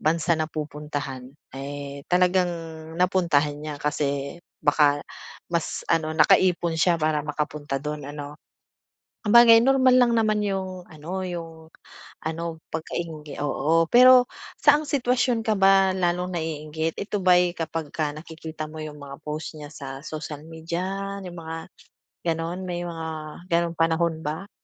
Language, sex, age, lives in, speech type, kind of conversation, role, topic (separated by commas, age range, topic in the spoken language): Filipino, female, 40-44, Philippines, conversation, advice, advisor, Bakit ako naiinggit sa mga tagumpay ng kaibigan ko?